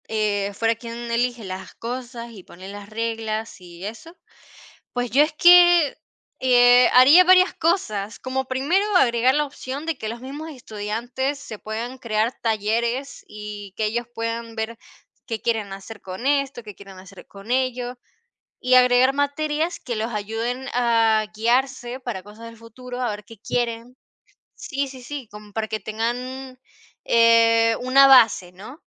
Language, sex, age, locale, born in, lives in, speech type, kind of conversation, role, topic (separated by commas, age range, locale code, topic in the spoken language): Spanish, female, 50-54, es-VE, Venezuela, Portugal, conversation, unstructured, none, ¿Qué harías para mejorar la educación en tu país?